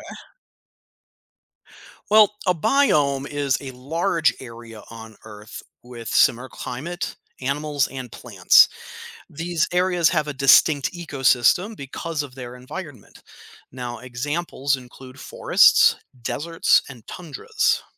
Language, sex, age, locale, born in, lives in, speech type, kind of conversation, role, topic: English, male, 35-39, en-US, United States, United States, read, expert-assistant, expert, What is a biome?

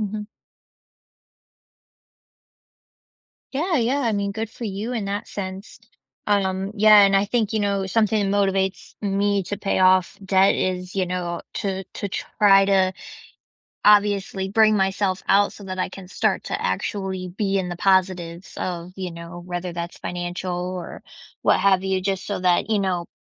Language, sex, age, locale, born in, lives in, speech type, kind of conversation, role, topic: English, female, 25-29, en-US, United States, United States, conversation, unstructured, none, What has your experience been like trying to pay off debt?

